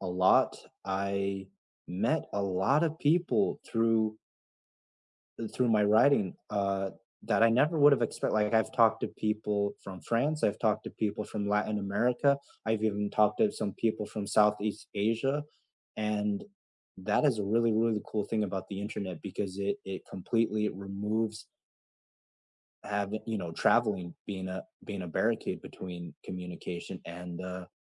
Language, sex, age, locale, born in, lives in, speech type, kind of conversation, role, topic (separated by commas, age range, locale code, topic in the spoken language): English, male, 25-29, en-US, United States, United States, conversation, unstructured, none, How important is communication between partners?